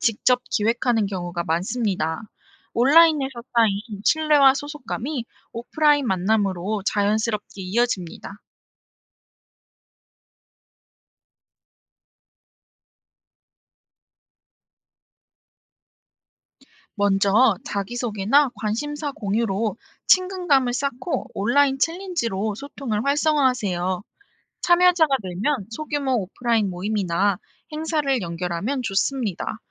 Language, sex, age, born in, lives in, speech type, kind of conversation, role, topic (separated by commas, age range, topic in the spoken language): Korean, female, 25-29, South Korea, South Korea, read, expert-assistant, expert, 우리 동네 사람들의 건강을 더 지키려면 어떤 새로운 방법을 시도해볼 수 있을까요?